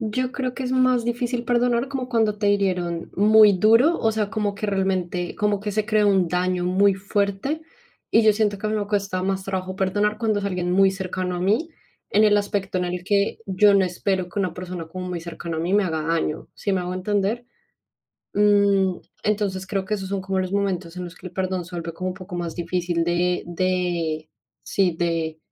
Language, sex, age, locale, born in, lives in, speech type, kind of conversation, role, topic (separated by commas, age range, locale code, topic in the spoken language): Spanish, female, 25-29, es-CO, Colombia, Spain, conversation, unstructured, none, ¿Qué importancia tiene el perdón en tu crecimiento personal?